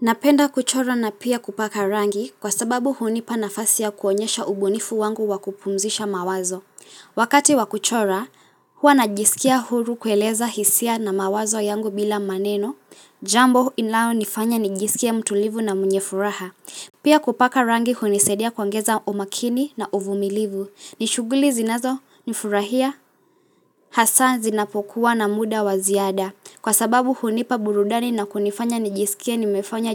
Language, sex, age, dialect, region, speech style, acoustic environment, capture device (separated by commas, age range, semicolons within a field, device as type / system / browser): Swahili, female, 18-24, Kenya - Mombasa, Kenya, relaxed, silence, mobile / Linux / Chrome